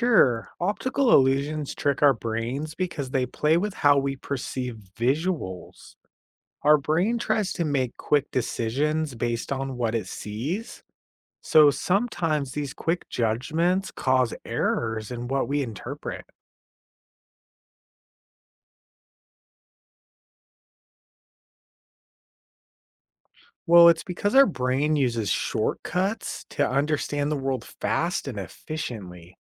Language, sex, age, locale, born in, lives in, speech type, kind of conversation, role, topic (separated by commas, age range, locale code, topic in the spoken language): English, male, 35-39, en-US, United States, United States, read, expert-assistant, expert, How do optical illusions trick our brains?